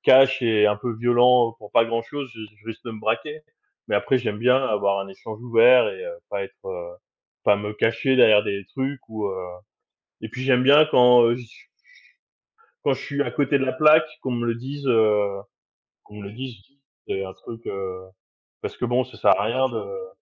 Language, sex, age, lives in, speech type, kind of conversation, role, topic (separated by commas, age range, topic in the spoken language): French, male, 40-44, France, conversation, unstructured, none, À quel point est-il important de dire la vérité, même si cela fait mal ?